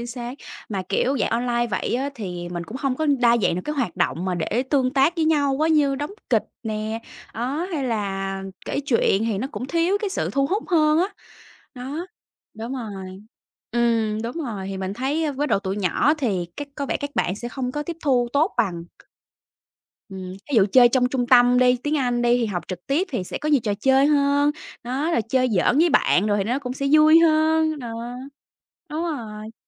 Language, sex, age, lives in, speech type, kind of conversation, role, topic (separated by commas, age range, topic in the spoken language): Vietnamese, female, 25-29, Vietnam, conversation, unstructured, none, Học trực tuyến khác gì so với học truyền thống?